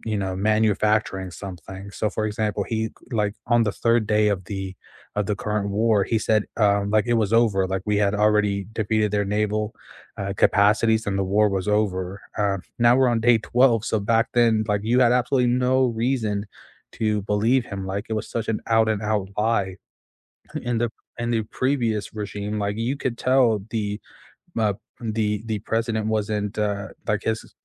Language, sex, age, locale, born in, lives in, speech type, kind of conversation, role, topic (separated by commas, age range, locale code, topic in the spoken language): English, male, 25-29, en-US, United States, United States, conversation, unstructured, none, How has the way you stay informed about current events changed over the years?